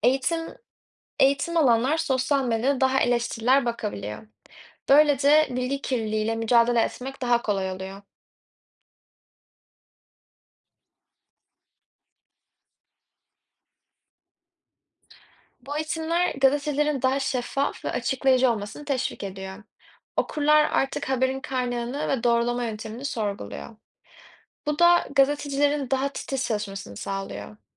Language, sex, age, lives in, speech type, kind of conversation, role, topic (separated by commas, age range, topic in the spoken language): Turkish, female, 20-24, Netherlands, read, expert-assistant, expert, Dijital medya gazeteciliğin kalitesini nasıl etkiliyor?